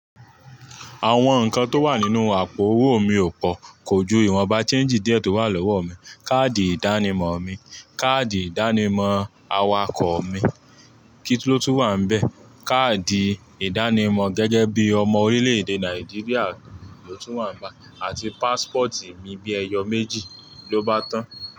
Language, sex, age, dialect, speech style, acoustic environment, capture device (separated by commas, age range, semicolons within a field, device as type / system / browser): Yoruba, male, 25-34, Nigeria - Ibadan, relaxed, traffic, mobile / Linux / Chrome